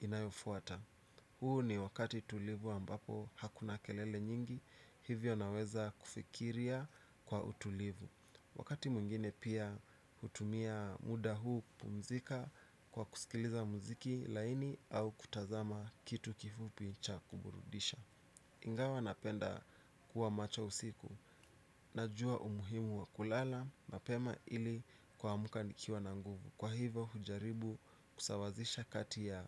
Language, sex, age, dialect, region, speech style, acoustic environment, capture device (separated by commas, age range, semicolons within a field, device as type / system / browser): Swahili, male, 25-34, Kenya - Nairobi Sheng-influenced, Kenya, relaxed; anxious, nature, desktop / Windows / Chrome